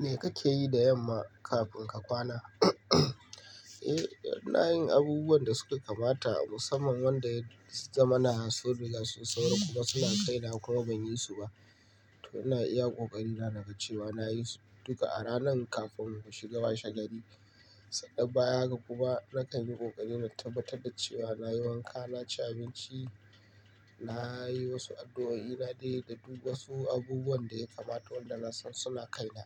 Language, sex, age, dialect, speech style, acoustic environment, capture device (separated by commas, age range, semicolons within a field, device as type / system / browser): Hausa, male, 25-34, Nigeria - Kano, happy, nature; appliances, mobile / Linux / Chrome